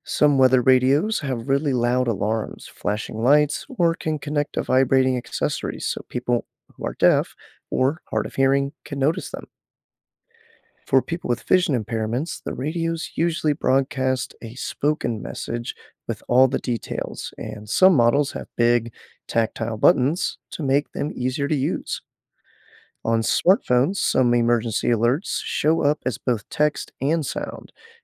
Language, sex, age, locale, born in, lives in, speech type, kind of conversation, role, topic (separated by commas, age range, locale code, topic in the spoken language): English, male, 25-29, en-US, United States, United States, read, expert-assistant, expert, Why do some phones not get emergency alerts?